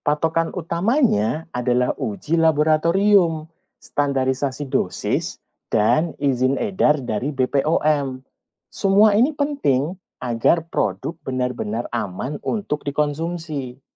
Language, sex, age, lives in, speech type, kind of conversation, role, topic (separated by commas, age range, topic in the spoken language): Indonesian, male, 40-44, Indonesia, read, expert-assistant, expert, Apa saja risiko dan tips aman menggunakan ramuan tradisional di era modern?